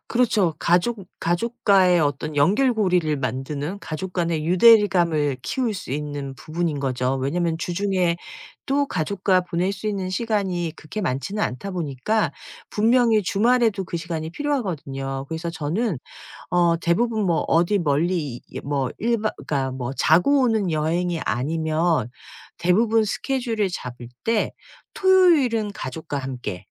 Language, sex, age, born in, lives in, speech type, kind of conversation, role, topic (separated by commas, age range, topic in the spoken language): Korean, female, 50-54, South Korea, United States, conversation, podcast, guest, 주말에 집에서 보내는 이상적인 하루는 어떻게 보내고 싶으신가요?